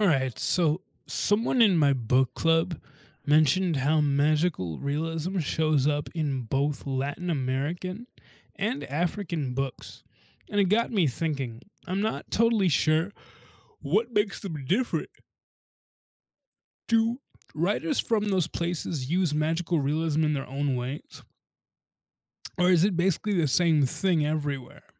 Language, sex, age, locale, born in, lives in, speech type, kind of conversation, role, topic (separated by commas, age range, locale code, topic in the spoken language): English, male, 20-24, en-US, United States, United States, read, expert-assistant, asker, How does magical realism differ in Latin American and African literature?